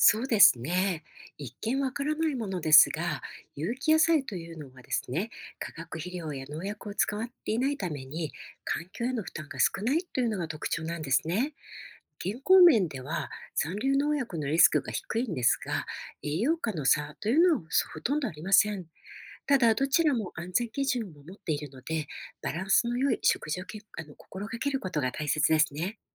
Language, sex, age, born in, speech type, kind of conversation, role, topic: Japanese, female, 50-54, Japan, read, expert-assistant, expert, 有機野菜と普通の野菜はどちらが環境や健康に良いですか？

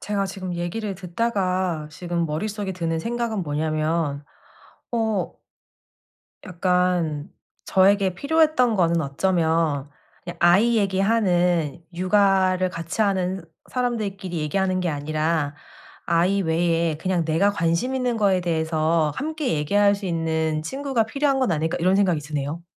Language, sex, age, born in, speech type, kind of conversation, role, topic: Korean, female, 35-39, South Korea, conversation, advice, user, 새로운 도시에서 친구 관계를 오래 유지하려면 어떻게 해야 할까요?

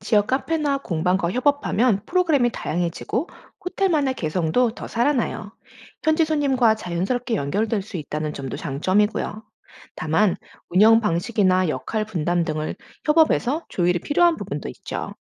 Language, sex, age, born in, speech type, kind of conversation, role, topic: Korean, female, 40-44, United States, read, expert-assistant, expert, 여행지의 평범한 호텔을 특별한 경험 공간으로 바꿀 수 있는 창의적인 방법이 있을까요?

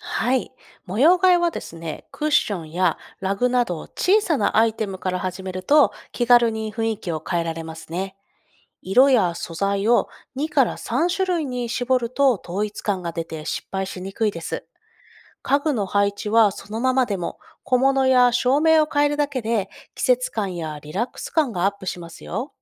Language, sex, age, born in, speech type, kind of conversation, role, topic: Japanese, female, 30-34, Japan, read, expert-assistant, expert, 家でリラックスできる空間を作る方法や、その心理的な効果について教えてもらえますか？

